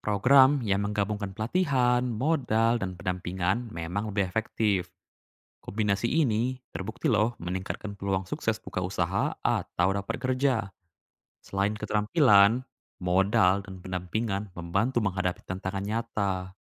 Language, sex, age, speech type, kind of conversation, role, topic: Indonesian, male, 20-24, read, expert-assistant, expert, Lebih baik mendukung keluarga yang membutuhkan lewat bantuan langsung tunai atau program pelatihan keterampilan? Mana yang lebih berdampak jangka panjang?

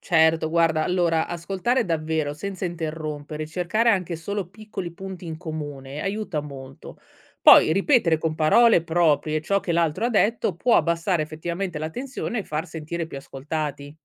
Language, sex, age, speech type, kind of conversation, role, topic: Italian, female, 35-39, read, expert-assistant, expert, Cosa posso fare per migliorare il dialogo con un parente che vede le cose in modo diverso dal mio?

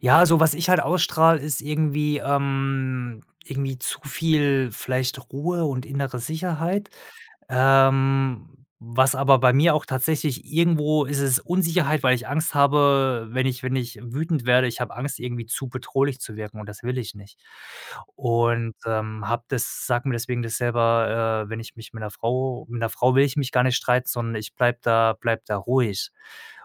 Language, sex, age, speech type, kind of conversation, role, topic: German, male, 35-39, conversation, podcast, guest, Wie setzt man Spiegeln im Gespräch mit anderen sinnvoll ein?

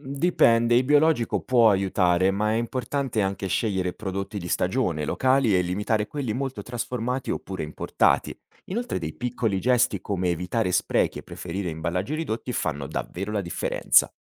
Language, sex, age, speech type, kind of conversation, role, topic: Italian, male, 35-39, read, expert-assistant, expert, Qual è un buon modo per capire come viene prodotto il cibo che mangiamo ogni giorno?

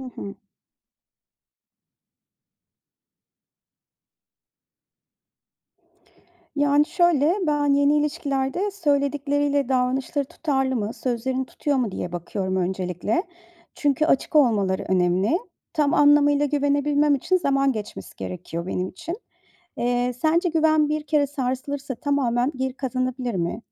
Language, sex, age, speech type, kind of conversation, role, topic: Turkish, female, 40-44, read, expert-assistant, asker, Samimi arkadaş nedir? Gerçek dostluğu sıradan arkadaşlıktan ayıran özellikler nelerdir?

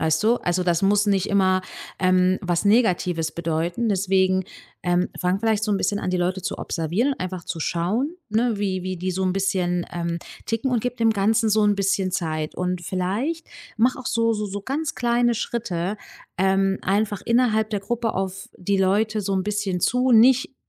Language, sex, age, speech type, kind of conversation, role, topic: German, female, 35-39, conversation, advice, advisor, Wie verstellst du dich, um in einer Gruppe akzeptiert zu werden?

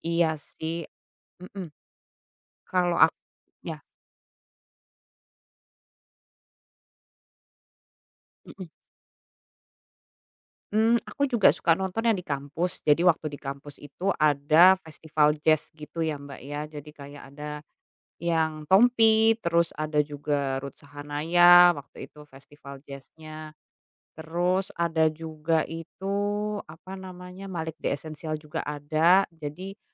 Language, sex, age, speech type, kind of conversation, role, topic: Indonesian, female, 35-39, conversation, unstructured, none, Bagaimana musik memengaruhi suasana hatimu sehari-hari?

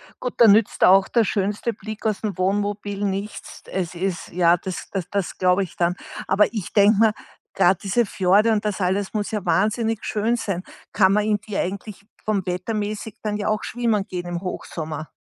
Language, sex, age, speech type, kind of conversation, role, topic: German, female, 60-64, conversation, podcast, host, Welcher Ort hat deine Sicht auf die Welt erweitert?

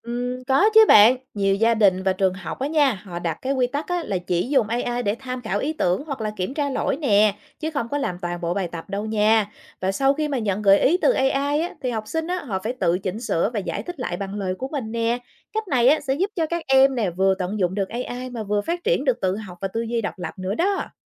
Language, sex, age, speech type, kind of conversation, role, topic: Vietnamese, female, 35-39, read, expert-assistant, expert, Bạn có thể giải thích cách trí tuệ nhân tạo đang thay đổi công nghệ giáo dục hiện nay như thế nào không? Những điểm mạnh và hạn chế của việc sử dụng AI trong lớp học là gì?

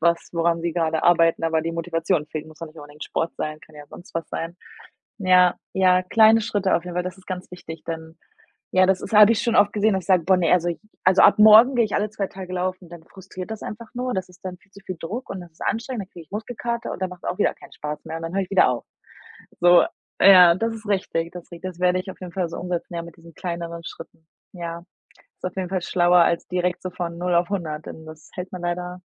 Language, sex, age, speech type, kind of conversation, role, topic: German, female, 25-29, conversation, advice, user, Warum fehlt mir die Motivation, regelmäßig Sport zu machen?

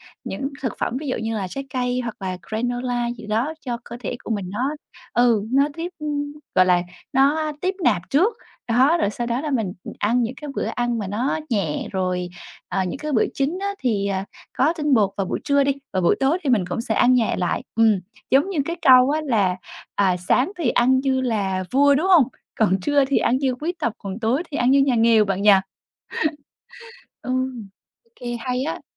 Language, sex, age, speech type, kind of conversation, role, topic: Vietnamese, female, 25-29, conversation, advice, user, Làm sao để nhận biết tín hiệu đói và no của cơ thể tôi?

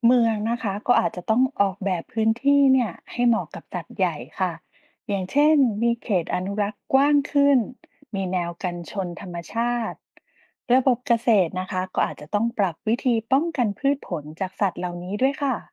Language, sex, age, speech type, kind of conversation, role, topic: Thai, female, 40-44, read, expert-assistant, expert, ถ้าสัตว์ชนิดหนึ่งในอดีต เช่น แมมมอธ หรือนกโดโด ไม่สูญพันธุ์ วิวัฒนาการของระบบนิเวศในปัจจุบันจะเปลี่ยนแปลงไปอย่างไร?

